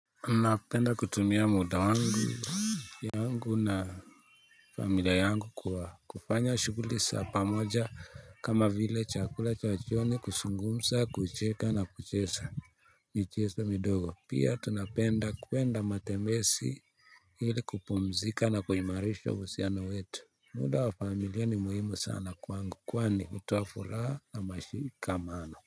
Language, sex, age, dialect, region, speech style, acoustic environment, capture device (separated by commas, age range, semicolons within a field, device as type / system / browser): Swahili, male, 25-34, Kenya - Nairobi Sheng-influenced, Kenya, happy; relaxed; focused, people talking; nature; appliances, mobile / Linux / Chrome